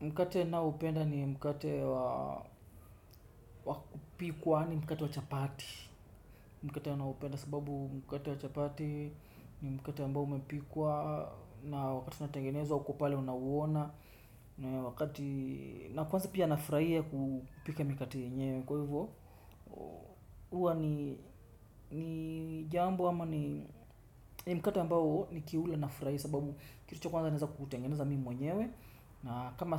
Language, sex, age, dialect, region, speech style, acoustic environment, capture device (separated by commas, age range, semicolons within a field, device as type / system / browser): Swahili, male, 25-34, Kenya - Mombasa, Kenya, relaxed, nature, desktop / Windows / Chrome